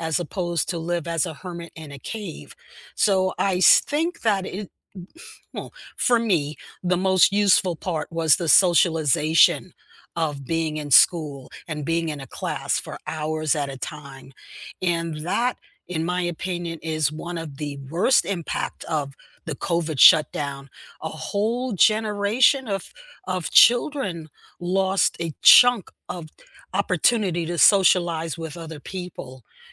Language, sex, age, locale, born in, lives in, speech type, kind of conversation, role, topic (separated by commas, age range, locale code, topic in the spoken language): English, female, 70-74, en-US, United States, United States, conversation, unstructured, none, What is the most useful thing school actually taught you, and how does it show up in your life now?